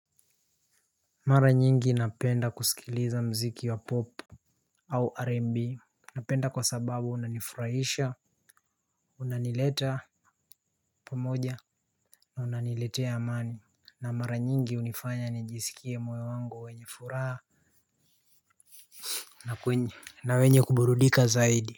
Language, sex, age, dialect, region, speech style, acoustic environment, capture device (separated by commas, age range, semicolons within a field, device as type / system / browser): Swahili, male, 18-24, Kenya - Nairobi Sheng-influenced, Kenya, happy, nature, mobile / Linux / Chrome